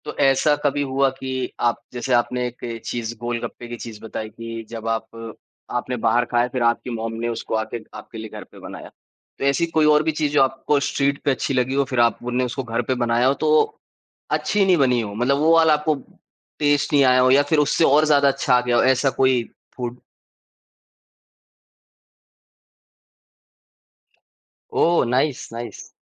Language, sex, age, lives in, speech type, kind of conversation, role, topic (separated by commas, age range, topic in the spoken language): Hindi, male, 25-29, India, conversation, podcast, host, Street food ya home cooking mein tum kya explore karte ho?